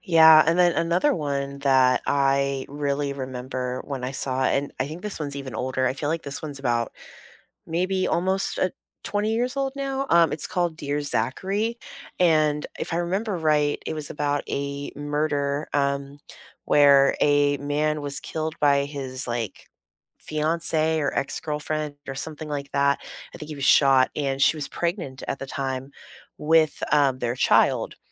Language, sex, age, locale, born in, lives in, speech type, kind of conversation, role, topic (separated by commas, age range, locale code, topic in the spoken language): English, female, 35-39, en-US, United States, United States, conversation, unstructured, none, What documentaries have changed how you see something in your everyday life?